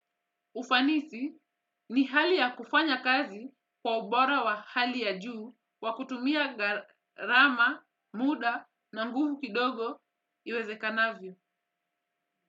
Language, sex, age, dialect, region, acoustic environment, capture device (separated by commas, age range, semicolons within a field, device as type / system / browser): Swahili, female, 25-34, Kenya - Mombasa, Kenya, silence, desktop / Windows / Edge